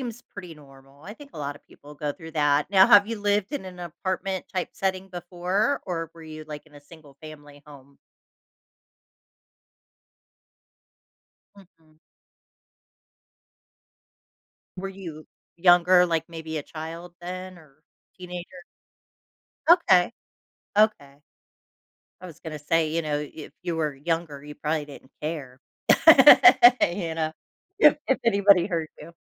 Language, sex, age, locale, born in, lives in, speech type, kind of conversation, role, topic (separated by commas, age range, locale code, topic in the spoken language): English, female, 45-49, en-US, United States, United States, conversation, advice, advisor, How can I manage my nerves about moving?